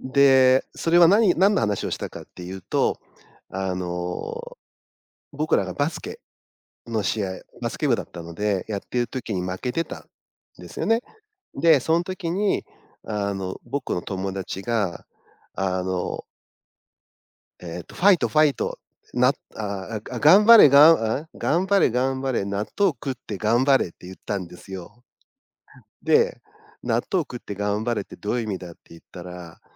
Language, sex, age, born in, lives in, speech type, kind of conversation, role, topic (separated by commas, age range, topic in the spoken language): Japanese, male, 50-54, Japan, Japan, conversation, podcast, guest, 初めて人前で何かを表現したときのことを聞かせてくれますか？